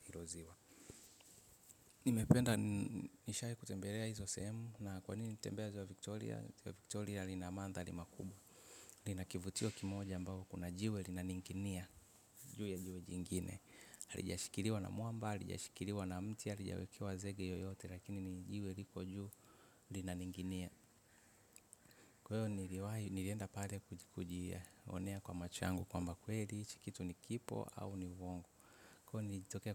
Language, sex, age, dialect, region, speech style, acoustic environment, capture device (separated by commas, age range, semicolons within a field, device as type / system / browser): Swahili, male, 25-34, Tanzania - Dar es Salaam, Tanzania, relaxed, silence, mobile / Linux / Chrome